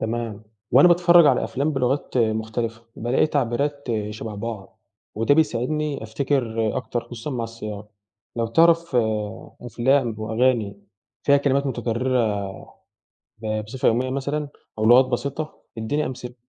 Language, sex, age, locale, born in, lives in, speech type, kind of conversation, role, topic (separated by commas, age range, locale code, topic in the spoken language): Arabic, male, 18-19, ar-EG, Egypt, Egypt, read, expert-assistant, asker, إزاي أعمل خطة بسيطة عشان أكتشف أوجه الشبه والاختلاف بين كذا لغة من غير ما الموضوع يبقى معقد؟